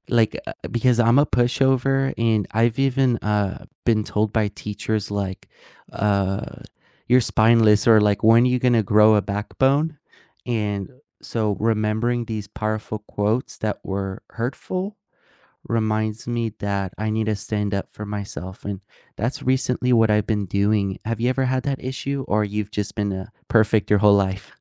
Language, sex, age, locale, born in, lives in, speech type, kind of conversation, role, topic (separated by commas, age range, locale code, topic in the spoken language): English, male, 20-24, en-US, United States, United States, conversation, unstructured, none, What small daily choices have the biggest impact on how your day goes?